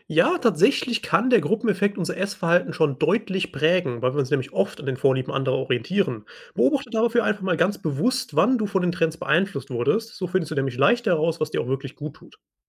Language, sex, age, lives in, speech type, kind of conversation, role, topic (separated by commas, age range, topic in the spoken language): German, male, 25-29, Germany, read, expert-assistant, expert, Kann man mit sogenannten „Superfoods“ wie Chiasamen oder Goji-Beeren tatsächlich die Gesundheit erheblich verbessern, oder ist das ein Marketing-Trick?